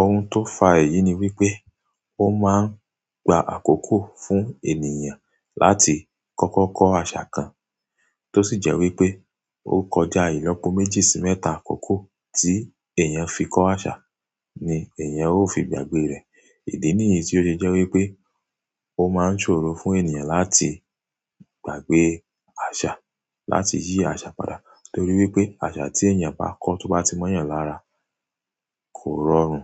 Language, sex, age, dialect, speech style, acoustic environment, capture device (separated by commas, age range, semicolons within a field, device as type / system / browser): Yoruba, male, 25-34, Nigeria - Ibadan, tired, silence, mobile / Android / Chrome